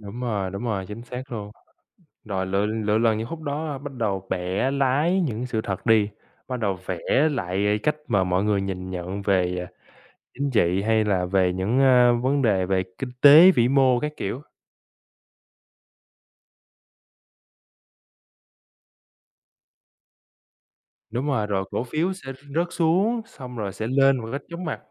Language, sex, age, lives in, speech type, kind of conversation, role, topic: Vietnamese, male, 25-29, United States, conversation, unstructured, none, Tin giả lan truyền nhanh có gây hại cho xã hội không?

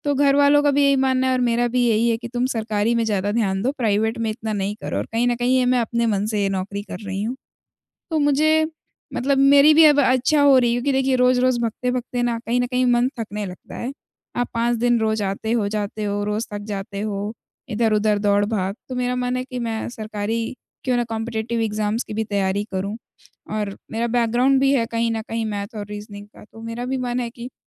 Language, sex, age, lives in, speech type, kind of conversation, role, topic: Hindi, female, 20-24, India, conversation, advice, user, मैं काम के लचीले समय या घर से काम करने की व्यवस्था की माँग अपने नियोक्ता से कैसे करूँ?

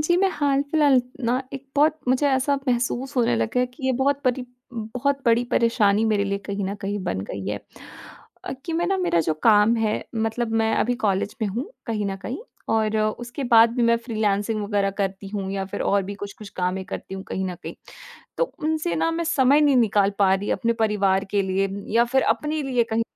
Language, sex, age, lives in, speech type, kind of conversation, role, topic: Hindi, female, 20-24, India, conversation, advice, user, मेरा काम और निजी जीवन का संतुलन बिगड़ गया है और परिवार के लिए समय नहीं मिल रहा है, मैं इसे कैसे सुधारूँ?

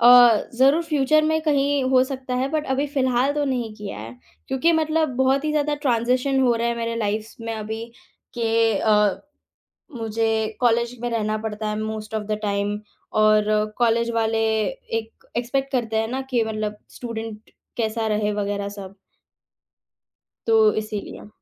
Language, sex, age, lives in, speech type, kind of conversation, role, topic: Hindi, female, 18-19, India, conversation, podcast, guest, Sunset dekhte waqt tumhare dimaag mein kya-kya khayal aate hain?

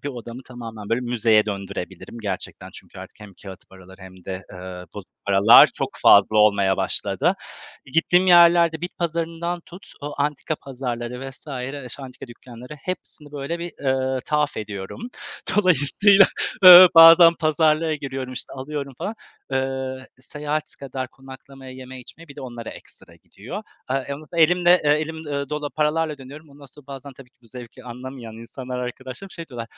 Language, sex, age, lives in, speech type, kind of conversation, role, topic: Turkish, male, 35-39, Poland, conversation, unstructured, none, Sence bazı hobiler insanları neden itici gösterir?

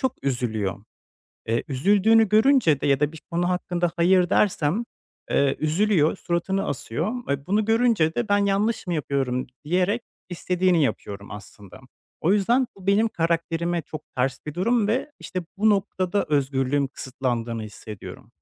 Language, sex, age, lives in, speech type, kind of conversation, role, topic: Turkish, male, 25-29, Poland, conversation, advice, user, Arkadaşımla yaşadığım bir anlaşmazlığı nasıl yapıcı, sakin ve saygılı bir şekilde çözebilirim?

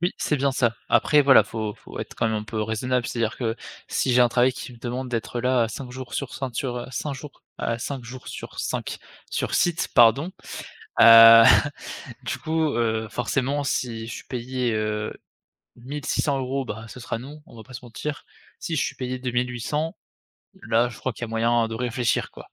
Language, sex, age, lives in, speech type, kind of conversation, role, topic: French, male, 20-24, France, conversation, podcast, guest, Comment gardes-tu l’équilibre entre ta vie professionnelle et ta vie personnelle ?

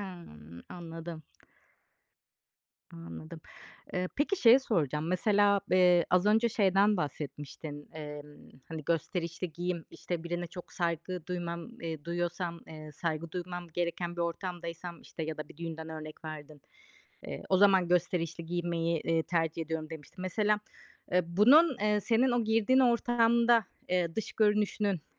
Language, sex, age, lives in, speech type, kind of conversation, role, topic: Turkish, female, 35-39, Greece, conversation, podcast, host, Minimalist misin, yoksa daha gösterişli giyinmeyi mi seversin?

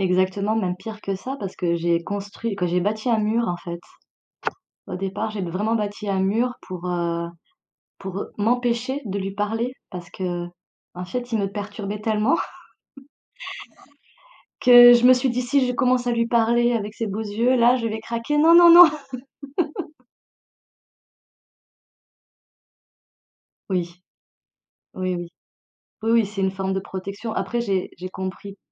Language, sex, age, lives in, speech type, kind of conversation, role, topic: French, female, 40-44, France, conversation, advice, user, Hésites-tu à commencer à sortir avec quelqu’un de nouveau ?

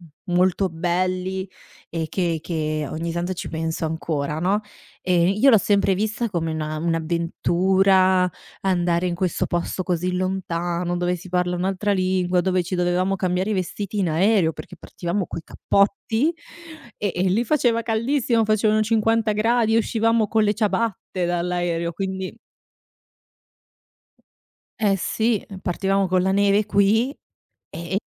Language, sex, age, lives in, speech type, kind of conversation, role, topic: Italian, female, 60-64, Italy, conversation, podcast, guest, Mi racconti un ricordo legato a un pranzo di festa?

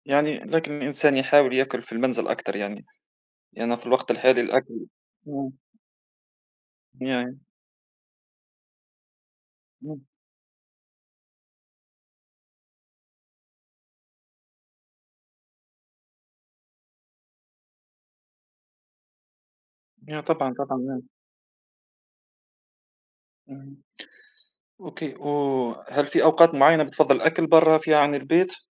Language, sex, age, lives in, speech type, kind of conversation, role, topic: Arabic, male, 30-34, Germany, conversation, unstructured, none, إنت بتفضل تاكل في البيت ولا في المطاعم، وليه؟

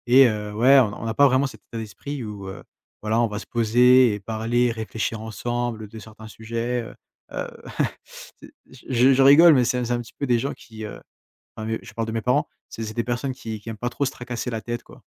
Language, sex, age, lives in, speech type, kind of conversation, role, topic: French, male, 20-24, France, conversation, advice, user, Comment surmonter la procrastination récurrente qui m’empêche d’avancer ?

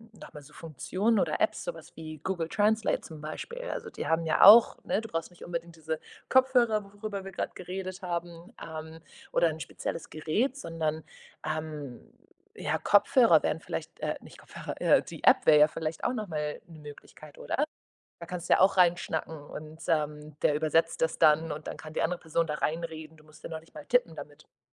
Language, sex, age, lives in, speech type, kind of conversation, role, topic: German, female, 25-29, Portugal, conversation, advice, advisor, Wie kann ich Sprachbarrieren überwinden, wenn ich im Urlaub neue Orte entdecke?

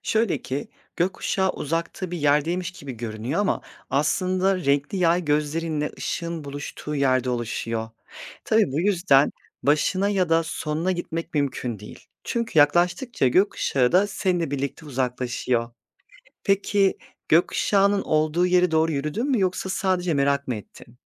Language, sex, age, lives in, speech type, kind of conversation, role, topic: Turkish, male, 30-34, Poland, read, expert-assistant, expert, Gökkuşağı nasıl oluşur?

